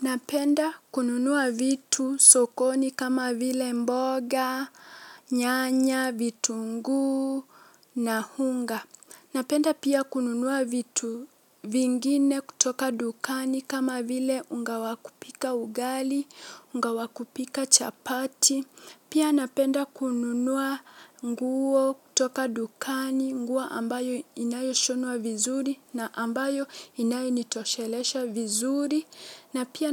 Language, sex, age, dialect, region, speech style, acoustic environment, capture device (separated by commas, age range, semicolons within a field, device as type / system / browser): Swahili, female, 18-24, Kenya - Mombasa, Kenya, relaxed, nature; silence, mobile / Linux / Chrome